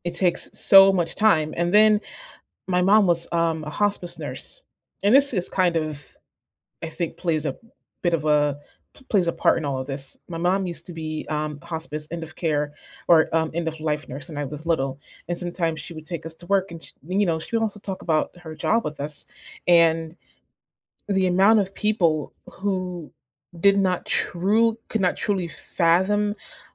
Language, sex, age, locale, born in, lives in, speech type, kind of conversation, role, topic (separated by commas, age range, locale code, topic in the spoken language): English, female, 25-29, en-US, United States, United States, conversation, unstructured, none, Is it okay to persuade someone for their own good?